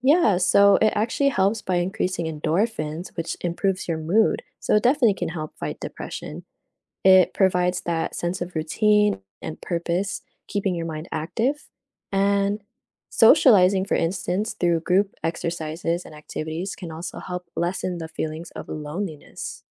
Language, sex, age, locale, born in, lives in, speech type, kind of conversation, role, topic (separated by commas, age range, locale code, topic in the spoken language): English, female, 20-24, en-US, United States, United States, read, expert-assistant, expert, What are the benefits of regular exercise for mental health?